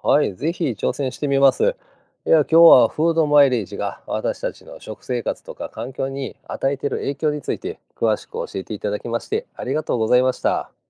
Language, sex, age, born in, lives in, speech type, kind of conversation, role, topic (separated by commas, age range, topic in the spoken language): Japanese, male, 50-54, Japan, Japan, read, expert-assistant, asker, 「フードマイレージ」とはどういう意味で、私たちの食生活や環境にどのような影響を与えるのですか？